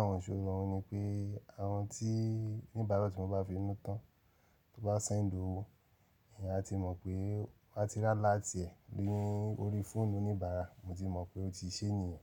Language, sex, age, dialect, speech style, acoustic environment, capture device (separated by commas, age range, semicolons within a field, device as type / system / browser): Yoruba, male, 35-44, Nigeria - Nigerian Standard, relaxed, silence, mobile / Linux / Chrome